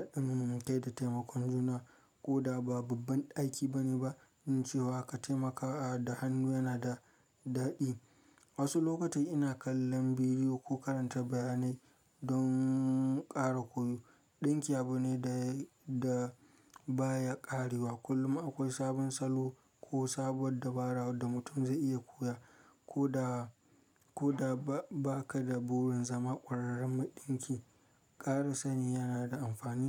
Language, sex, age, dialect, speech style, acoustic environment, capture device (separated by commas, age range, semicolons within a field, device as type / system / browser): Hausa, male, 25-34, Nigeria - Kano, relaxed; focused, silence, mobile / Linux / Chrome